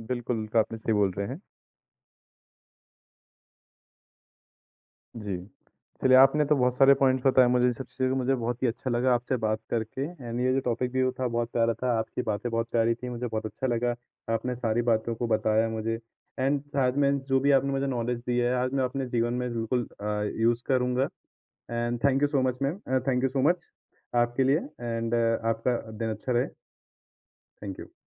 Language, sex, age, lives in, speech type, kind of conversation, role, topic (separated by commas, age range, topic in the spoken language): Hindi, male, 20-24, India, conversation, podcast, host, Woh kaunsa pal tha jab bilkul anjaan log bhi tumhe parivaar jaise lagne lage, aur phir kya hua?